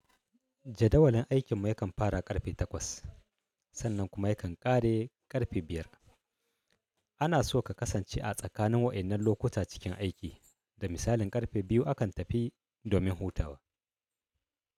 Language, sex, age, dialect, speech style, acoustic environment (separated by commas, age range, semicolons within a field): Hausa, male, 35-44, Nigeria - Kano, happy, silence